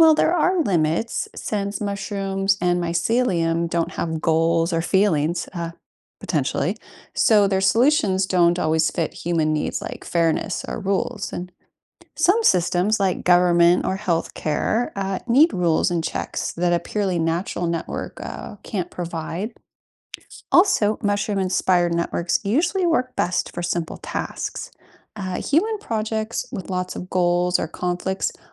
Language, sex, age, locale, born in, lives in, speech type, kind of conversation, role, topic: English, female, 40-44, en-US, United States, United States, read, expert-assistant, expert, What can we learn from the way mushrooms grow and spread?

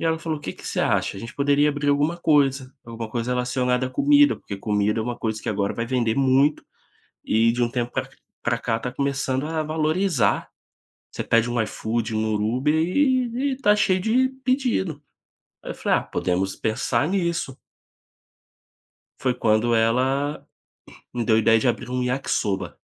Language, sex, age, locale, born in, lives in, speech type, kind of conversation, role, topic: Portuguese, male, 25-29, pt-BR, Brazil, Spain, conversation, podcast, guest, Qual foi a decisão difícil que, no fim, acabou sendo a melhor coisa para você?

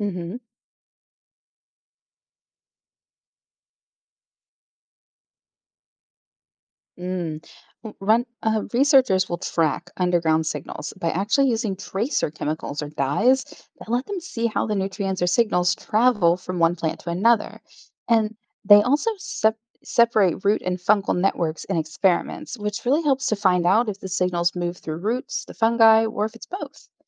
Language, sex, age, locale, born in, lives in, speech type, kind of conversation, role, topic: English, female, 35-39, en-US, Germany, United States, read, expert-assistant, expert, How do plants communicate underground?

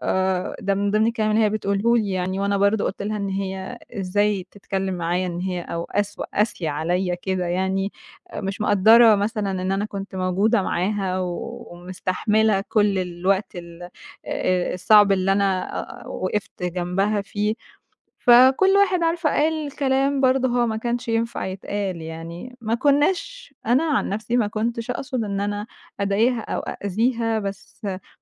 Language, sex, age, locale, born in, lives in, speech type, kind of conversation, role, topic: Arabic, female, 20-24, ar-EG, Egypt, Egypt, conversation, advice, user, إزاي أتعامل مع التباعد العاطفي بعد خناقة كبيرة؟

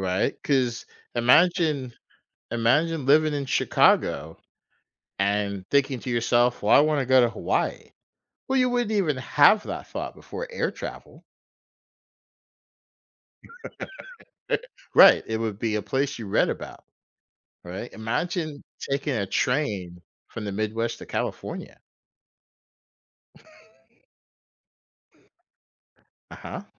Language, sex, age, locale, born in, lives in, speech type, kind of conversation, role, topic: English, male, 45-49, en-US, United States, United States, conversation, podcast, guest, How has technology changed the way we live and interact with each other?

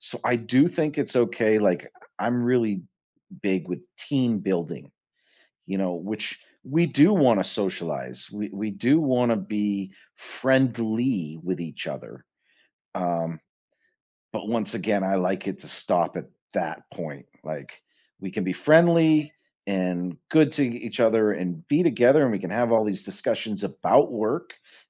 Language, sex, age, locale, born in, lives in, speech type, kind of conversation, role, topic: English, male, 45-49, en-US, United States, United States, conversation, podcast, guest, Which personal and professional skills help people succeed in any career?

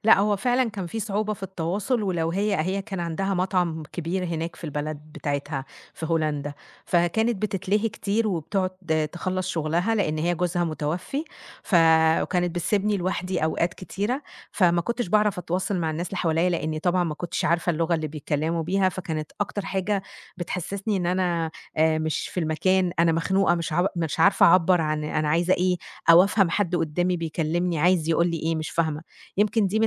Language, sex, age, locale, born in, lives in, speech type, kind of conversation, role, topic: Arabic, female, 30-34, ar-EG, Egypt, Egypt, conversation, podcast, guest, إيه الفرق بين السفر لوحدك والسفر مع ناس في الطبيعة؟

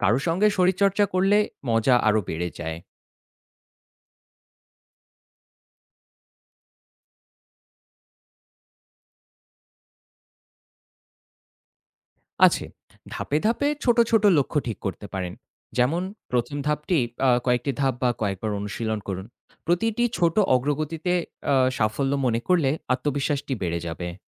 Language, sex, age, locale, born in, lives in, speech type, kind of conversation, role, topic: Bengali, male, 25-29, bn-BD, Bangladesh, Finland, read, expert-assistant, expert, ব্যস্ত কর্মজীবনের মাঝে ফিটনেস রুটিন বজায় রাখার সবচেয়ে কার্যকর উপায় কী কী হতে পারে?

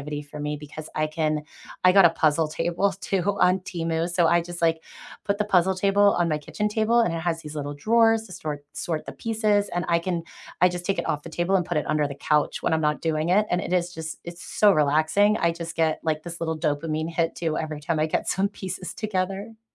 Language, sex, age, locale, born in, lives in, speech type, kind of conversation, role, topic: English, female, 35-39, en-US, United States, United States, conversation, unstructured, none, What helps you feel calm when you’re stressed?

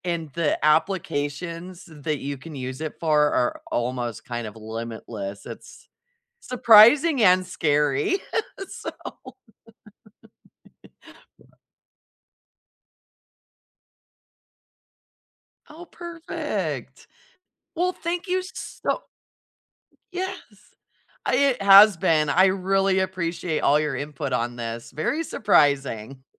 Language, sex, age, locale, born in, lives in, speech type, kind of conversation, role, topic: English, female, 40-44, en-US, United States, United States, conversation, unstructured, none, What’s something surprising you’ve learned while working toward a goal?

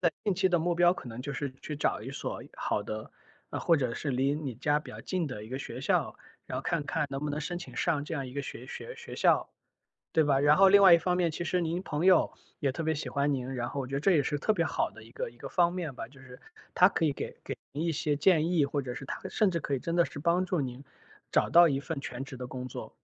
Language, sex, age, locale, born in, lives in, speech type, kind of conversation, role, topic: Chinese, male, 35-39, zh-CN, China, United States, conversation, advice, advisor, 你对未知的未来为什么会感到害怕？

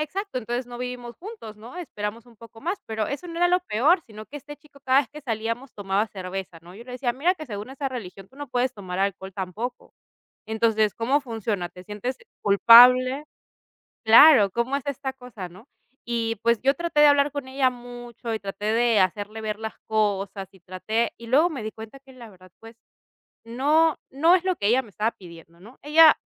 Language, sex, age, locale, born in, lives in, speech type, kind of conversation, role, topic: Spanish, female, 30-34, es-PE, Peru, Italy, conversation, podcast, guest, ¿Cómo puedes mostrar empatía sin dar consejos?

